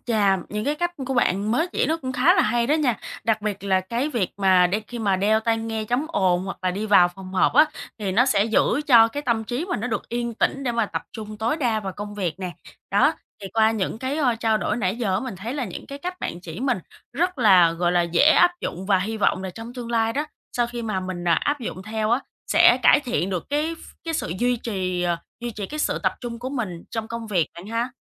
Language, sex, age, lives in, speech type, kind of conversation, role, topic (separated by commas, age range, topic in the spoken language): Vietnamese, female, 25-29, Vietnam, conversation, advice, user, Làm sao để chuẩn bị tinh thần và duy trì sự tập trung trong thời gian dài khi làm công việc phức tạp?